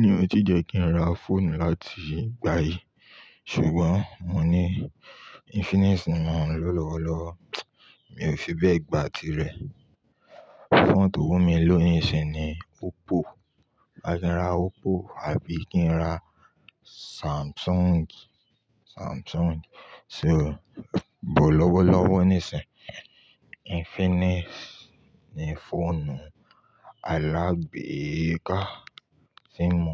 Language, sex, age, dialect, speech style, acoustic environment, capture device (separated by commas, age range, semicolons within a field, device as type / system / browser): Yoruba, male, 25-34, Nigeria - Ibadan, happy; relaxed, nature, mobile / Linux / Chrome